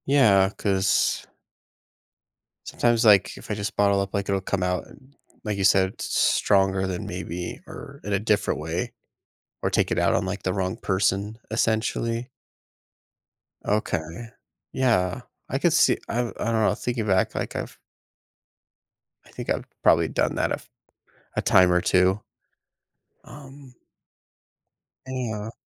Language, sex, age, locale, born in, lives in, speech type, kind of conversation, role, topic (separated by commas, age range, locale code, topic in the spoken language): English, male, 30-34, en-US, United States, United States, conversation, advice, user, How can I start sharing my feelings with family and friends?